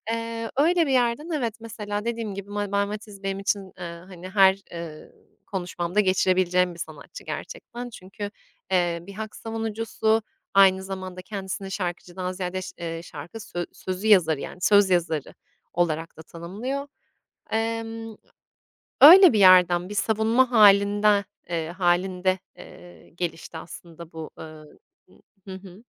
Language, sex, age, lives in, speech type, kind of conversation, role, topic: Turkish, female, 25-29, Italy, conversation, podcast, guest, Taşınmak veya göç etmek müzik zevkini nasıl değiştirdi?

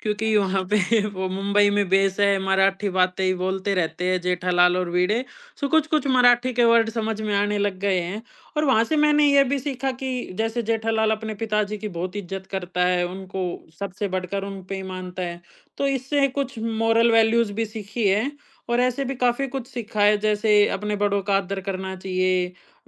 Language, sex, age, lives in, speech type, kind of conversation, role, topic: Hindi, male, 20-24, India, conversation, podcast, guest, Kaunsa TV serial tumhe sabse zyada baandh kar rakhta tha?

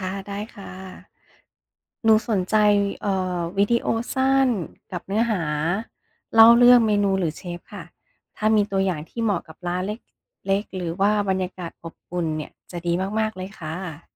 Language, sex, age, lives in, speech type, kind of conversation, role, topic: Thai, female, 40-44, United States, read, expert-assistant, asker, ทำไมยอดไลก์ในเพจเฟซบุ๊กของเราลดลงกะทันหัน? มีปัจจัยอะไรที่ควรตรวจสอบบ้าง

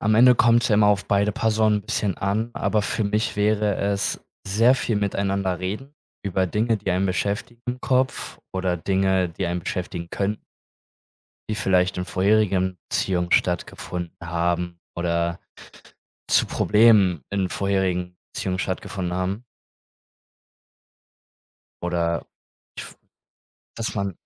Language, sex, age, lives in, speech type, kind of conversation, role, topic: German, male, 20-24, Germany, conversation, podcast, guest, Was bedeutet Vertrauen in einer Beziehung für dich?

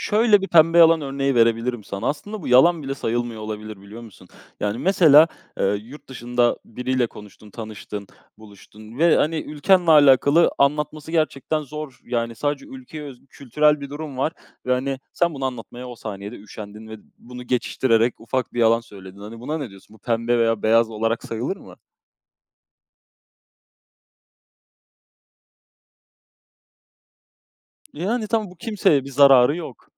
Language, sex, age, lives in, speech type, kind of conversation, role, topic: Turkish, male, 20-24, Poland, conversation, unstructured, none, Yalan söylemek her zaman kötü müdür?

